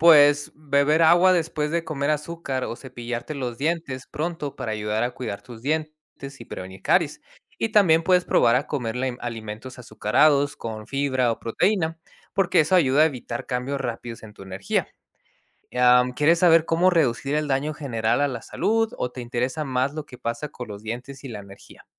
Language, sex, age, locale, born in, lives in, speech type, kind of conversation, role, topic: Spanish, male, 30-34, es-MX, United States, Mexico, read, expert-assistant, expert, ¿Qué consecuencias tiene el consumo excesivo de azúcar?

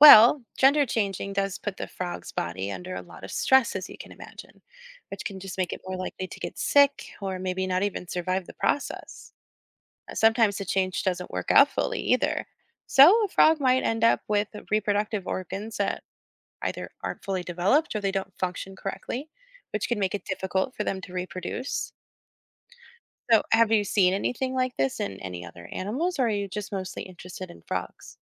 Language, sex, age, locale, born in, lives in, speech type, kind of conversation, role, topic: English, female, 30-34, en-US, United States, United States, read, expert-assistant, expert, Why do some frogs change sex during their lives?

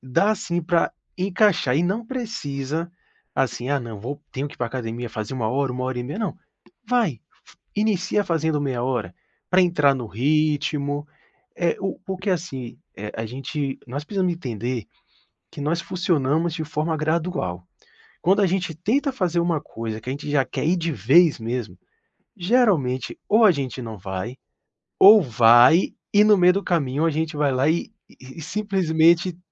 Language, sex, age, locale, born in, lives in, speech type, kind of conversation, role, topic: Portuguese, male, 40-44, pt-BR, Brazil, Portugal, conversation, advice, advisor, Como você tenta equilibrar estudos, trabalho e vida pessoal?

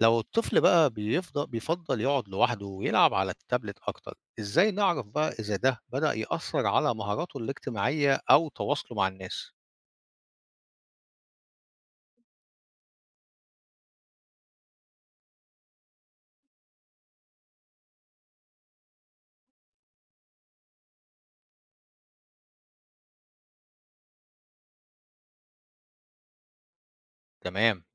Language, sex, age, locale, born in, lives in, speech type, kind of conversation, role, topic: Arabic, male, 50-54, ar-EG, Egypt, Portugal, read, expert-assistant, asker, إزاي نخلي ولادنا يتعلموا بعيد عن الشاشات؟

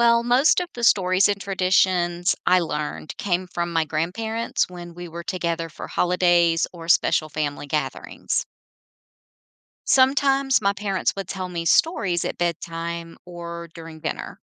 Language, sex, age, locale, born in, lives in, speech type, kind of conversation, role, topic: English, female, 50-54, en-US, United States, United States, read, expert-assistant, asker, How does storytelling help preserve traditions?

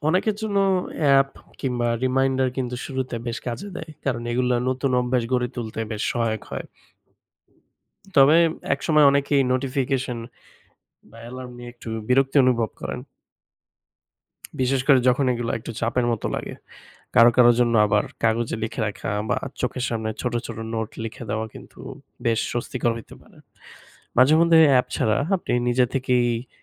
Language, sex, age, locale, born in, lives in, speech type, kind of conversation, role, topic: Bengali, male, 25-29, bn-BD, Bangladesh, Bangladesh, read, expert-assistant, expert, ব্যস্ত জীবনে নিয়মিত শরীরচর্চা চালিয়ে যাওয়ার সবচেয়ে সহজ উপায় কী?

